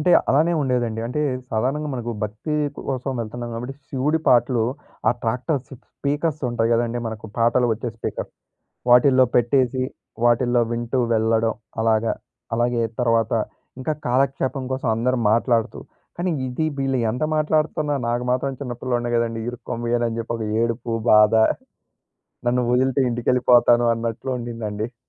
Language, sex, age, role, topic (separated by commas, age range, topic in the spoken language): Telugu, male, 20-24, guest, నీకు గుర్తుండిపోయిన ప్రకృతి ప్రయాణం గురించి చెప్పగలవా?